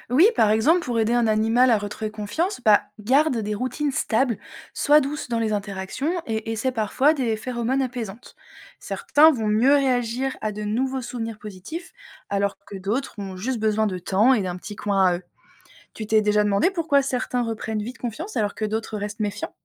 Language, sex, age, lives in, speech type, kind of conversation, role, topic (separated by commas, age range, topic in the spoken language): French, female, 30-34, France, read, expert-assistant, expert, Penses-tu que les animaux ont leur propre façon de voir le monde ? Comment imagines-tu la vie d’un animal familier ?